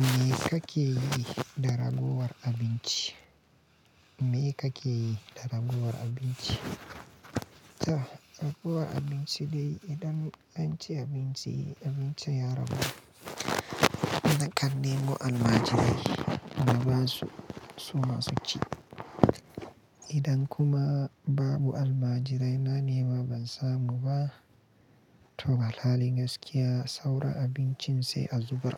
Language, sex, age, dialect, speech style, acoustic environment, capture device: Hausa, male, 25-34, Nigeria - Kano, anxious, silence, mobile / Linux / Chrome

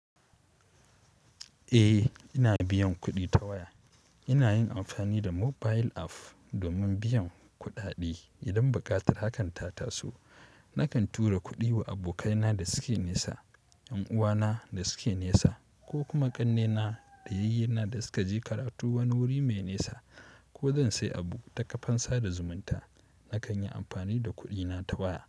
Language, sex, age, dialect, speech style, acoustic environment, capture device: Hausa, male, 18-24, Nigeria - Kano, happy, silence, mobile / Linux / Chrome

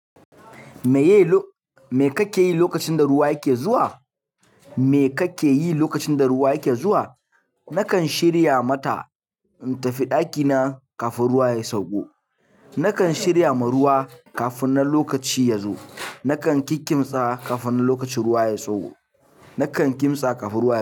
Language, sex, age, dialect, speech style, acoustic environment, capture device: Hausa, male, 18-24, Nigeria - Kano, happy; excited, people talking, mobile / Linux / Chrome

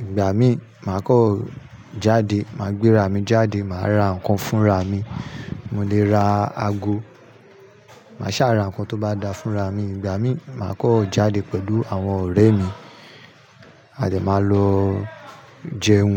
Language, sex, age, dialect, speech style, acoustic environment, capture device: Yoruba, male, 35-44, Nigeria - Nigerian Standard, happy; anxious; nervous; excited, people talking; construction; nature, mobile / Linux / Chrome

